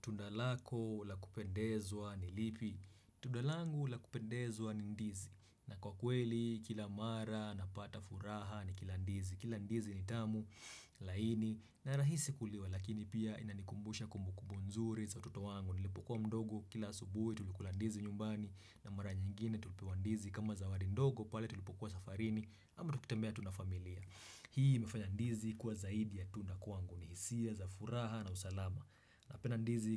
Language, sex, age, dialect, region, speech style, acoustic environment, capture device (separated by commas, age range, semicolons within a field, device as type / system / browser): Swahili, male, 25-34, Kenya - Nairobi Sheng-influenced, Kenya, happy; relaxed; excited; focused, silence; nature, desktop / Windows / Chrome